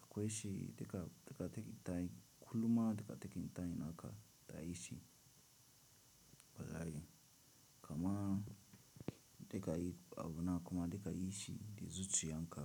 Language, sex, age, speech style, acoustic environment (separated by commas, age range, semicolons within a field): Hausa, male, 18-24, relaxed, silence